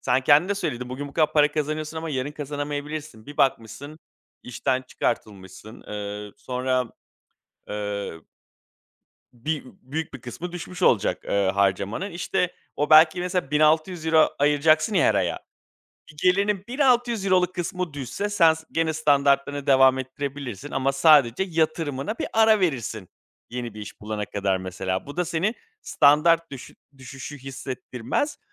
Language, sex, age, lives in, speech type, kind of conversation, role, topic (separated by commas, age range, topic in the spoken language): Turkish, male, 35-39, Greece, conversation, advice, advisor, Maaşınız artınca yaşam standardınızı gereğinden fazla yükseltmemek için ne yapabilirsiniz?